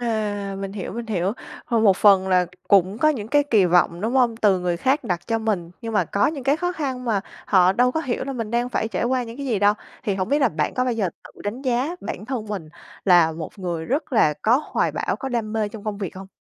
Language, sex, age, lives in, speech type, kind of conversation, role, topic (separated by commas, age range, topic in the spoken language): Vietnamese, female, 25-29, Vietnam, conversation, podcast, host, Bạn cân bằng công việc và chăm sóc gia đình thế nào?